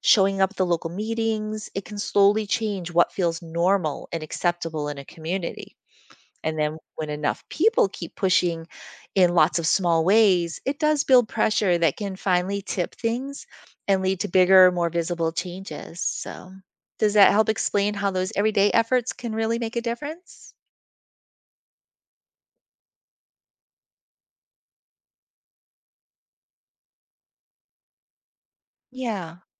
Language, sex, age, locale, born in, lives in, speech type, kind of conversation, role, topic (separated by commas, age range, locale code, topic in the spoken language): English, female, 50-54, en-US, United States, United States, read, expert-assistant, expert, How are laws created and enforced?